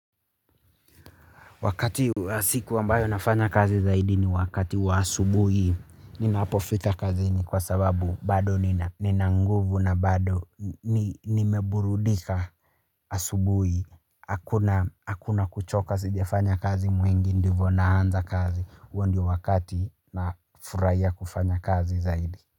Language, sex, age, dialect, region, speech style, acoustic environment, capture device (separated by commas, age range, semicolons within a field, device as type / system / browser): Swahili, male, 25-34, Kenya - Nairobi Sheng-influenced, Kenya, tired, silence, mobile / Linux / Chrome